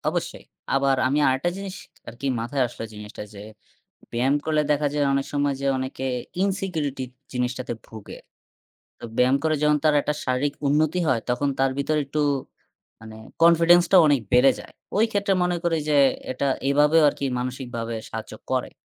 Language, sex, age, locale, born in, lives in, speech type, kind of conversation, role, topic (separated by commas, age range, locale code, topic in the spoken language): Bengali, male, 20-24, bn-BD, Bangladesh, Bangladesh, conversation, unstructured, none, কীভাবে ব্যায়ামকে দৈনন্দিন জীবনের নিয়মিত অংশ করে তোলা যায়?